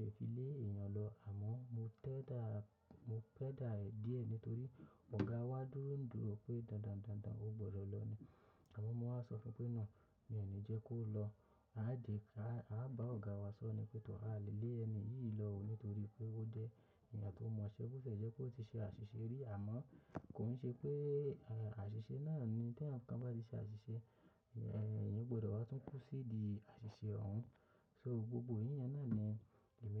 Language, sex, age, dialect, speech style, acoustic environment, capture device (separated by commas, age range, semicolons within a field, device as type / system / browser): Yoruba, male, 25-34, Nigeria - Lagos Yoruba, relaxed, silence, mobile / macOS / Safari